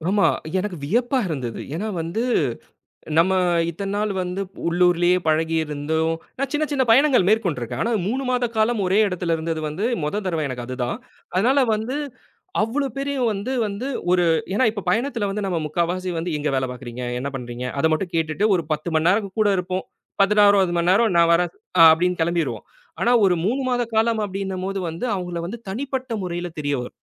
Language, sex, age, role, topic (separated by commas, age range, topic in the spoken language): Tamil, male, 30-34, guest, உங்கள் வாழ்க்கையில் மாற்றத்தை ஏற்படுத்திய பயணத்தில் நீங்கள் சென்ற இடம் எது?